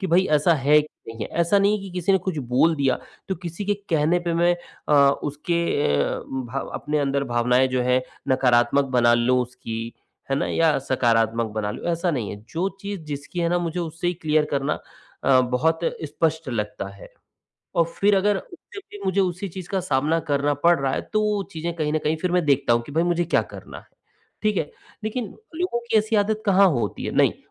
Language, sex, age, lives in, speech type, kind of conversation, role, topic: Hindi, male, 45-49, India, conversation, advice, user, आलोचना सुनकर मैं अपनी भावनाओं पर नियंत्रण कैसे करूँ?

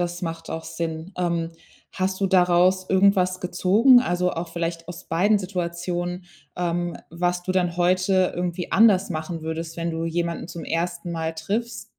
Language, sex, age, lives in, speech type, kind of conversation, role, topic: German, female, 30-34, Germany, conversation, podcast, host, Von welcher Begegnung hast du anfangs ein falsches Bild gehabt?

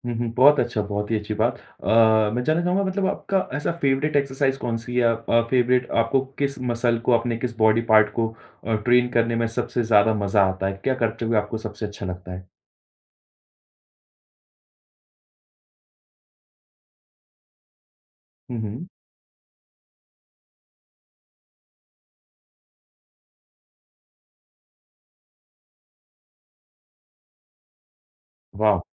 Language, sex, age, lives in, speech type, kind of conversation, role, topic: Hindi, male, 30-34, India, conversation, podcast, host, Roz thoda time nikaal kar creative kaise bane rehte ho?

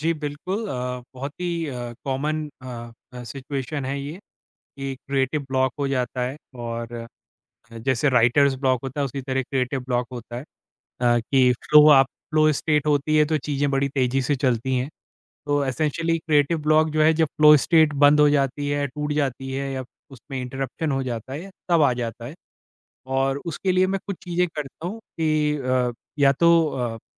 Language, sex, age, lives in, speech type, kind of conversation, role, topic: Hindi, male, 40-44, India, conversation, podcast, guest, Jab tumhe creative block ho jaata hai, toh tum usse kaise nikaalte ho?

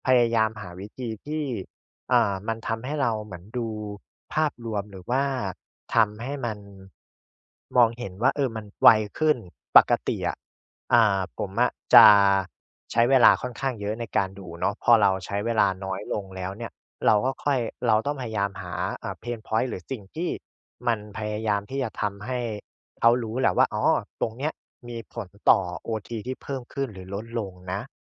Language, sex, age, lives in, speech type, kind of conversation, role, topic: Thai, male, 25-29, Thailand, conversation, podcast, guest, คุณคิดอย่างไรเกี่ยวกับการแชร์งานที่ยังไม่สมบูรณ์?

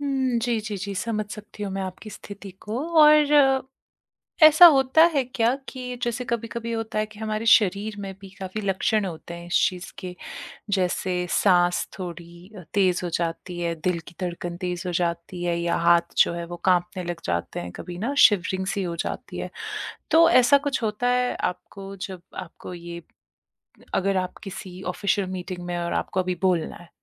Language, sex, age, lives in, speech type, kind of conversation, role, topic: Hindi, female, 30-34, India, conversation, advice, advisor, बोलते समय घबराहट कैसे कम करूँ?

